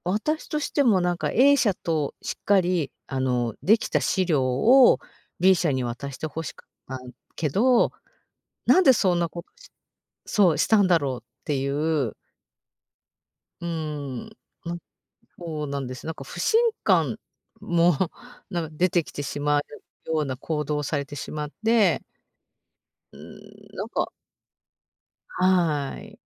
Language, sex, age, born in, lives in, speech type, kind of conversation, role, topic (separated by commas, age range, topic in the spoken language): Japanese, female, 50-54, Japan, Japan, conversation, advice, user, 小さな挫折から前向きに立ち直るには、どうすればよいですか？